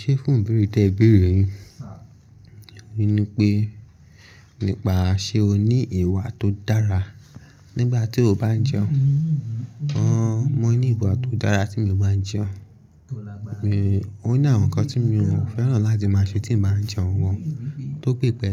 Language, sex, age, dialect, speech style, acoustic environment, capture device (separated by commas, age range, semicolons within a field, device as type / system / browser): Yoruba, male, 18-24, Nigeria - Lagos Yoruba, focused, people talking, mobile / Linux / Chrome